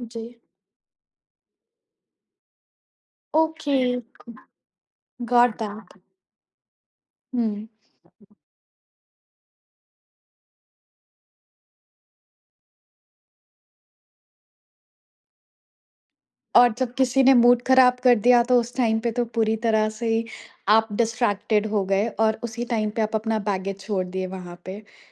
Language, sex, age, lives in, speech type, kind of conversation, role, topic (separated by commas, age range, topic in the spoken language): Hindi, female, 30-34, India, conversation, podcast, host, Travel ke dauran kabhi tumhara luggage kho gaya tha, phir kya hua aur woh kaise mila?